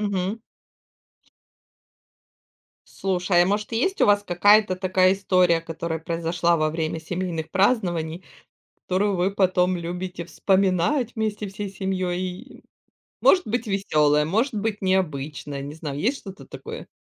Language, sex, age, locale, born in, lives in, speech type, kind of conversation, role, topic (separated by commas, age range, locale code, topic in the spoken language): Russian, female, 40-44, ru-RU, Ukraine, Spain, conversation, podcast, host, Какая семейная традиция для тебя наиболее важна?